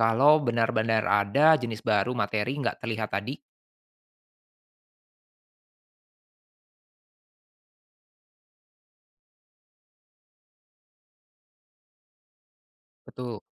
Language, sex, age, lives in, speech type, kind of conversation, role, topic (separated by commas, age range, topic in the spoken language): Indonesian, male, 25-29, Indonesia, read, expert-assistant, asker, Bagaimana Cara Teleskop Modern Membantu Mengungkap Misteri Materi Gelap di Alam Semesta?